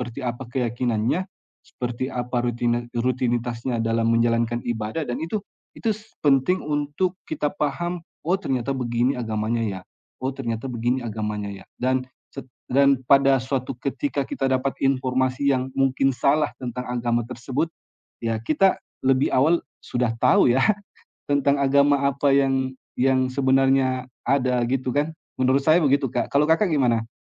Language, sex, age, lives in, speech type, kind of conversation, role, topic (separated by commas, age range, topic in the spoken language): Indonesian, male, 35-39, Indonesia, conversation, unstructured, none, Apa yang membuat dialog antaragama penting bagi masa depan bangsa?